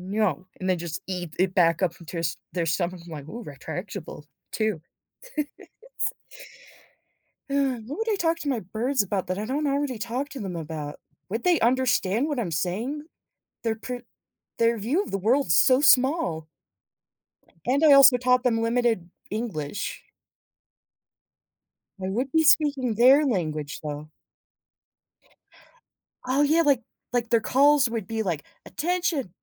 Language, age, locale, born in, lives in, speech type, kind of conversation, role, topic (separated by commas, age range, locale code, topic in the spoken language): English, 25-29, en-US, United States, United States, conversation, unstructured, none, Would you rather be able to communicate with animals or understand nature’s language?